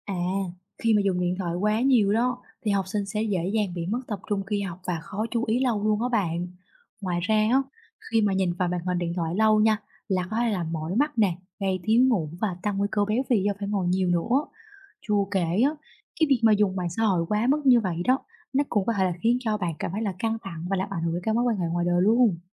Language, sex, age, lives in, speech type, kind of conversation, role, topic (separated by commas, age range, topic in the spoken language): Vietnamese, female, 20-24, Vietnam, read, expert-assistant, expert, Tác động của việc sử dụng điện thoại quá nhiều đối với học sinh